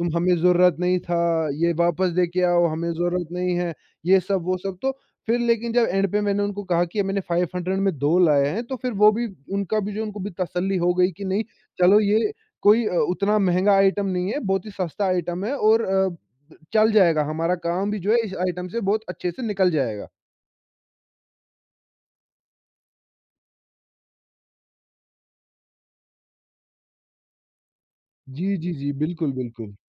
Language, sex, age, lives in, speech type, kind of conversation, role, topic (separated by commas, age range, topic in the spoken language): Hindi, male, 20-24, India, conversation, podcast, guest, Thrift shopping tumhe itni pasand kyun aati hai?